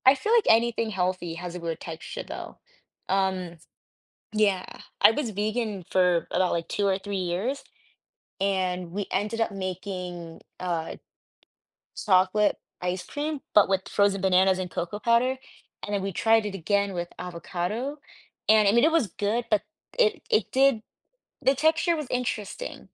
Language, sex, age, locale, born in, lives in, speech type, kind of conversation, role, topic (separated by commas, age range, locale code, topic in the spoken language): English, female, 25-29, en-US, United States, United States, conversation, podcast, host, How does sharing a homemade meal bring people together?